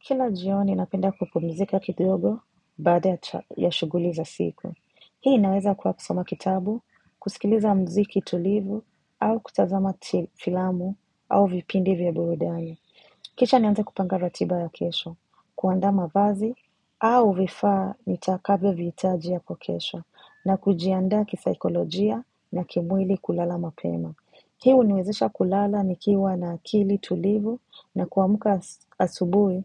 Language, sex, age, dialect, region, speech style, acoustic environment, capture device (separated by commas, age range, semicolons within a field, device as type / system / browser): Swahili, female, 25-34, Kenya - Mombasa, Kenya, relaxed; focused, nature; silence; appliances, mobile / macOS / Safari